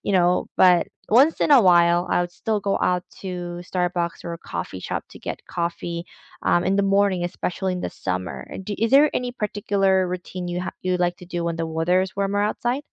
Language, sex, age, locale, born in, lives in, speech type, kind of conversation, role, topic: English, female, 30-34, en-CA, South Korea, Canada, conversation, unstructured, none, What simple daily routine lifts your mood and sets a positive tone for your day?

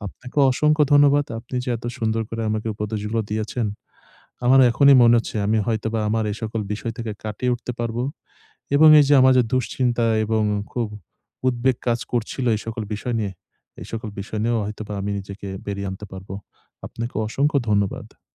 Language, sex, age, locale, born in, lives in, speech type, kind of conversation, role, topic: Bengali, male, 25-29, bn-BD, Bangladesh, Bangladesh, conversation, advice, user, সম্মিলিত অনুষ্ঠানে নিজের স্বাতন্ত্র্য কীভাবে বজায় রাখব?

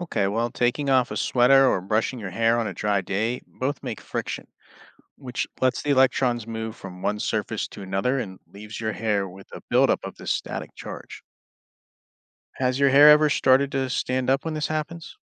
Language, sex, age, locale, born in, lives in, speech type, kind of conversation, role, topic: English, male, 35-39, en-US, United States, United States, read, expert-assistant, expert, Why does static electricity make hair stand up?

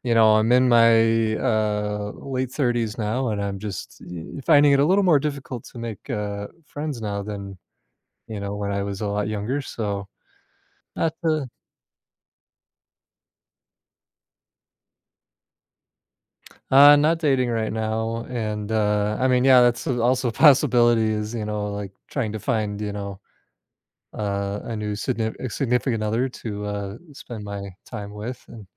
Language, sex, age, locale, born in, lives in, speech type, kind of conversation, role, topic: English, male, 35-39, en-US, United States, United States, conversation, advice, user, How can I make friends after moving to a new city?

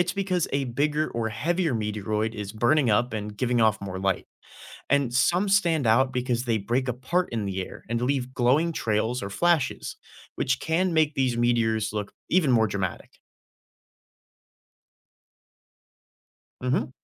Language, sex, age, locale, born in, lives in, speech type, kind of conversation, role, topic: English, male, 20-24, en-US, United States, United States, read, expert-assistant, expert, What causes a shooting star to appear?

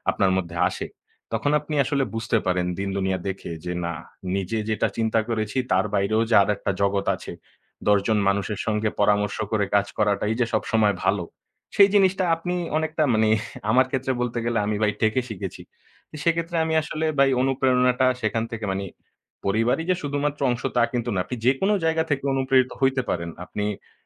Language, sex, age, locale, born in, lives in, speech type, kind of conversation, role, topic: Bengali, male, 25-29, bn-BD, Bangladesh, Bangladesh, conversation, unstructured, none, আপনি নিজেকে কীভাবে অনুপ্রাণিত করেন?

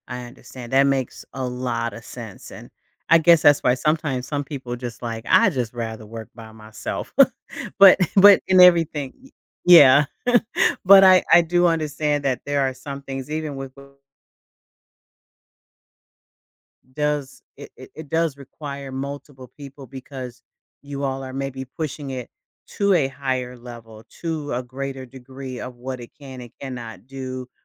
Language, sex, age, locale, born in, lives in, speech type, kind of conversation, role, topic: English, female, 55-59, en-US, United States, United States, conversation, podcast, host, What helps you stay committed to practicing even when motivation is low?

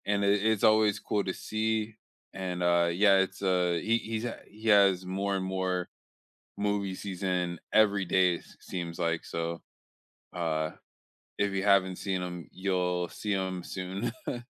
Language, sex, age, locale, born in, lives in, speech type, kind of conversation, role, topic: English, male, 25-29, en-US, United States, United States, conversation, unstructured, none, What actors will you watch in anything they’re in, no questions asked?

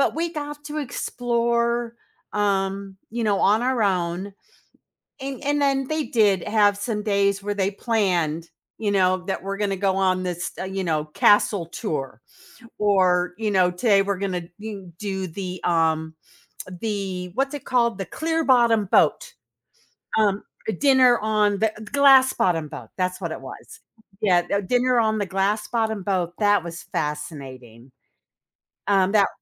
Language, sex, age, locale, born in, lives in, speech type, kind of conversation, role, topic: English, female, 60-64, en-US, United States, United States, conversation, podcast, guest, How do happy childhood memories continue to shape us as adults?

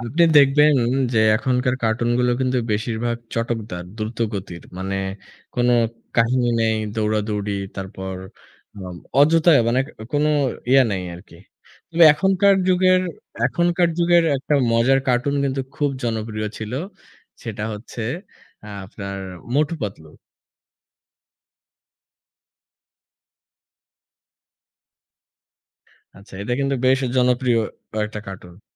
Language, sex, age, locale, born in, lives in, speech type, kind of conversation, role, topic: Bengali, male, 25-29, bn-BD, Bangladesh, Bangladesh, conversation, unstructured, none, ছোটবেলায় তোমার সবচেয়ে প্রিয় কার্টুন কোনটি ছিল?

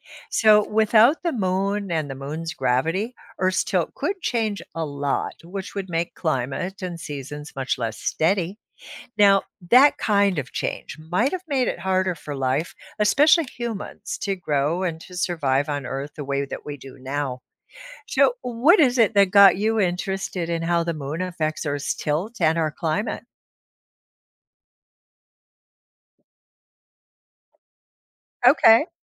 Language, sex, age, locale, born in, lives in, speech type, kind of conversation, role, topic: English, female, 65-69, en-US, United States, United States, read, expert-assistant, expert, What causes the seasons to change?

